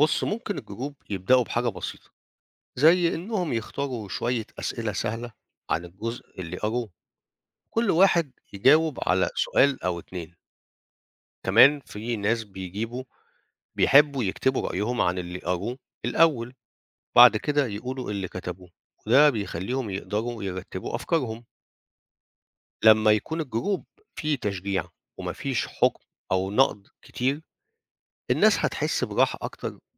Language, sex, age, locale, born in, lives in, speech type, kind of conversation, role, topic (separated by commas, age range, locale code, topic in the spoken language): Arabic, male, 50-54, ar-EG, Egypt, Portugal, read, expert-assistant, expert, كيف يمكن للقراءة الجماعية أن تعزز التواصل بين الأفراد؟